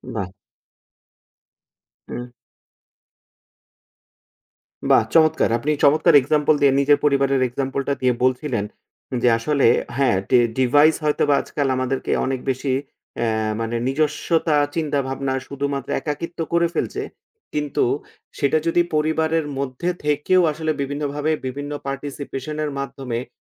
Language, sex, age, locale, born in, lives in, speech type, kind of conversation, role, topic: Bengali, male, 35-39, bn-BD, Bangladesh, Finland, conversation, podcast, host, শৈশবের কোন জনপ্রিয় গান, অনুষ্ঠান বা খেলনা আপনাকে নস্টালজিয়ায় ভাসিয়ে দেয়?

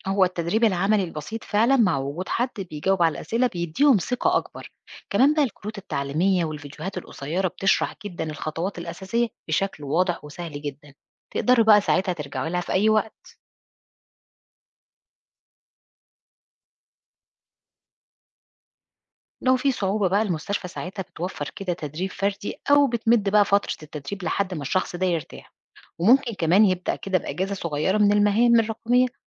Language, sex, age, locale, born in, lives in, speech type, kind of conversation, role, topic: Arabic, female, 40-44, ar-EG, Egypt, Portugal, read, expert-assistant, expert, إيه مميزات وعيوب رقمنة الملفات والسجلات الطبية في المستشفيات؟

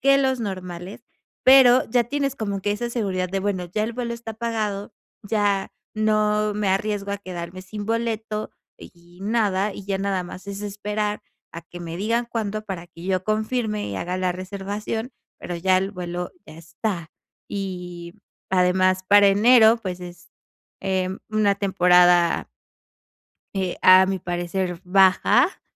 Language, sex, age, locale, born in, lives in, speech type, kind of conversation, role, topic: Spanish, female, 25-29, es-MX, Mexico, Mexico, conversation, advice, advisor, ¿Cómo puedo dejar de sentirme estresado al organizar las vacaciones?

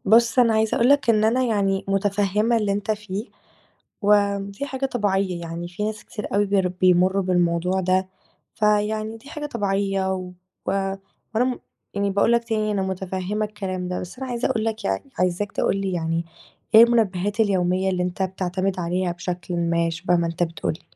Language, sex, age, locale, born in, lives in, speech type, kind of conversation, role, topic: Arabic, female, 18-19, ar-EG, Egypt, Greece, conversation, advice, advisor, إزاي أقدر أتحكم في استهلاكي اليومي للقهوة ومشروبات الطاقة؟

